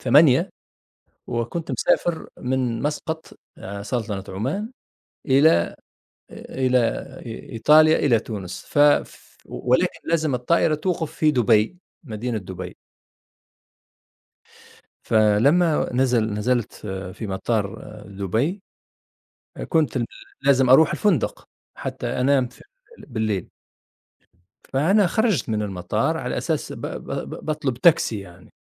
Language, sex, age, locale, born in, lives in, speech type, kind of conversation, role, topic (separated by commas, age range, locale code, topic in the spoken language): Arabic, male, 50-54, ar-TN, Tunisia, United States, conversation, unstructured, none, إيه أصعب تجربة مريت بيها وإنت مسافر؟